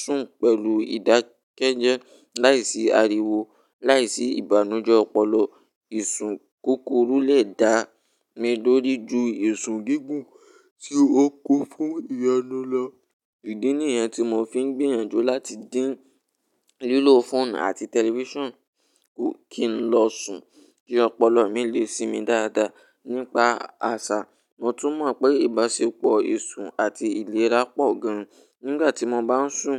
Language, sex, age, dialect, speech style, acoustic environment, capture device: Yoruba, male, 18-24, Nigeria - Ibadan, relaxed, silence, mobile / Linux / Chrome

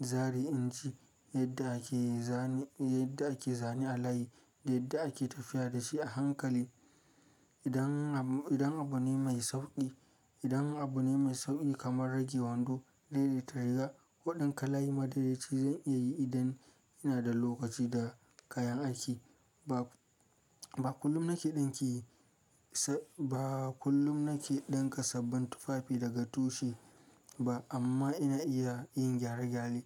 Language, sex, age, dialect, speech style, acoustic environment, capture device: Hausa, male, 25-34, Nigeria - Kano, relaxed; focused, silence, mobile / Linux / Chrome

